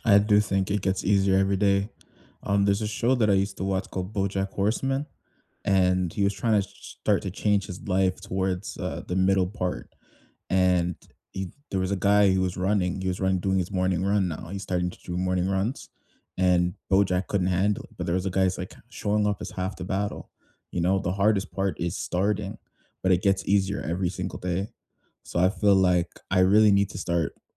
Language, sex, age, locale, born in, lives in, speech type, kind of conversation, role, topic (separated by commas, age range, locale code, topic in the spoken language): English, male, 20-24, en-CA, Canada, Canada, conversation, unstructured, none, What simple morning rituals help you start the day on a positive note, and which ones could we swap or try together?